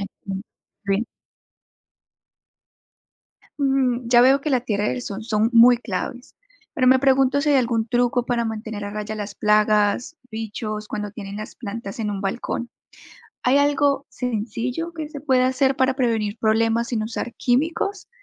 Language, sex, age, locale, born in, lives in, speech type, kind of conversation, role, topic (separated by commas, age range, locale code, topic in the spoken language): Spanish, female, 25-29, es-CO, Colombia, United States, read, expert-assistant, asker, ¿Cómo empezar a cultivar tomates y otras plantas en un balcón?